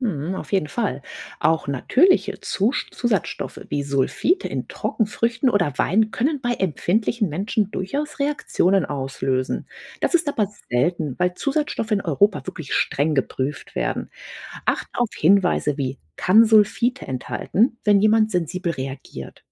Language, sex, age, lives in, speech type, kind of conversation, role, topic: German, female, 40-44, United States, read, expert-assistant, expert, Wie erkenne ich, ob Warnungen vor bestimmten Lebensmitteln im Internet glaubwürdig sind?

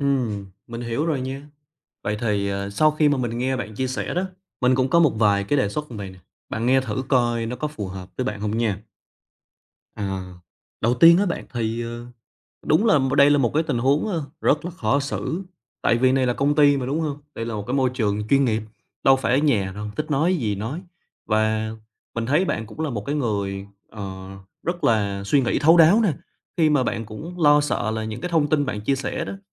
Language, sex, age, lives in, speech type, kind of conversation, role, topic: Vietnamese, male, 25-29, Vietnam, conversation, advice, advisor, Làm sao để hòa nhập với mọi người mà vẫn giữ được bản sắc và là chính mình?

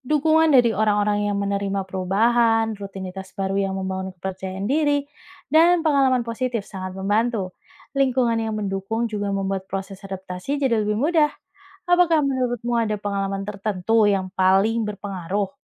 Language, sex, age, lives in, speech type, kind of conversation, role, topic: Indonesian, female, 30-34, Indonesia, read, expert-assistant, expert, Apa yang membuat suatu kepercayaan terasa benar bagi penganutnya, meskipun berbeda dengan agama lain?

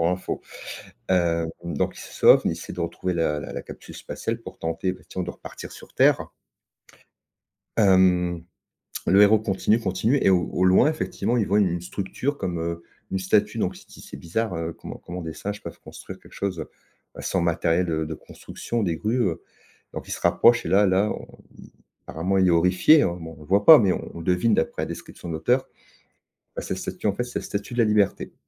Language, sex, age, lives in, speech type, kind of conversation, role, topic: French, male, 50-54, France, conversation, podcast, guest, Quel livre t’a le plus marqué, et pourquoi ?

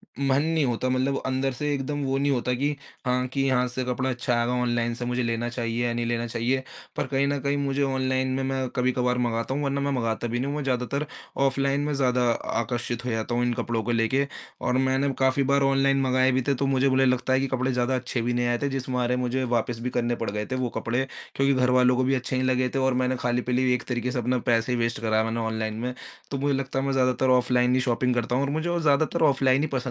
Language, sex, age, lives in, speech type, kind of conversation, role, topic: Hindi, male, 20-24, India, conversation, advice, user, मैं शॉपिंग के लिए अपना बजट और विकल्प कैसे संभालूँ?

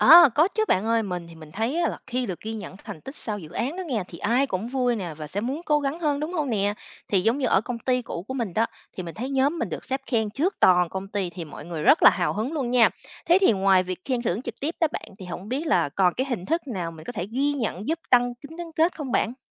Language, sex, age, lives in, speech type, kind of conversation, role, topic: Vietnamese, female, 30-34, United States, read, expert-assistant, asker, Chính sách công ảnh hưởng như thế nào đến cuộc sống hàng ngày của chúng ta?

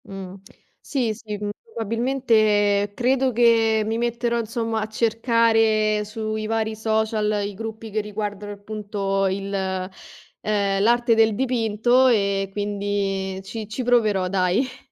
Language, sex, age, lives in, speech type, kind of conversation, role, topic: Italian, female, 25-29, Italy, conversation, advice, user, Quali difficoltà stai incontrando nel fare nuove amicizie dopo un cambiamento di vita?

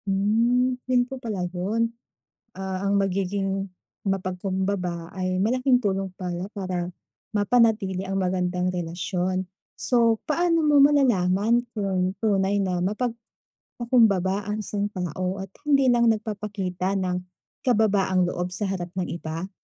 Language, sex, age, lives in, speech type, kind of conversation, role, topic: Filipino, female, 30-34, Philippines, read, expert-assistant, asker, Ano ang kahalagahan ng pagiging mapagpakumbaba?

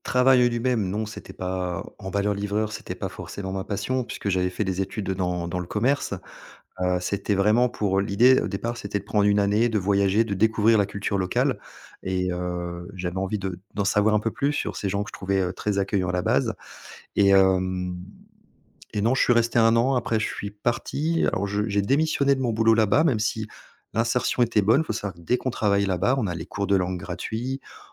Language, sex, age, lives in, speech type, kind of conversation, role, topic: French, male, 40-44, France, conversation, podcast, guest, Peux-tu raconter un moment où ta communauté t’a aidé ?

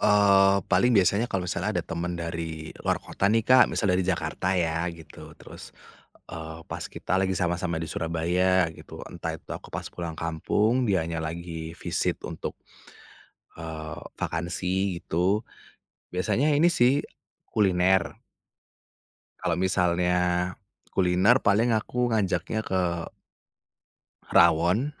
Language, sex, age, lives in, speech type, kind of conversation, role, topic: Indonesian, male, 30-34, Indonesia, conversation, podcast, guest, Rasa atau aroma apa yang langsung membuat kamu merasa seperti pulang ke rumah?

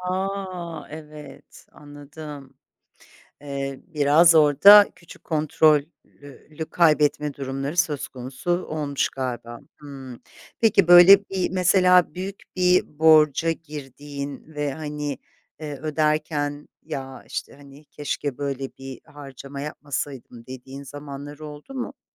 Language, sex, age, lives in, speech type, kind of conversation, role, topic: Turkish, female, 50-54, Italy, conversation, podcast, host, Temassız ödemeler günlük hayatınızı nasıl değiştirdi ve size hangi konularda kolaylık sağladı?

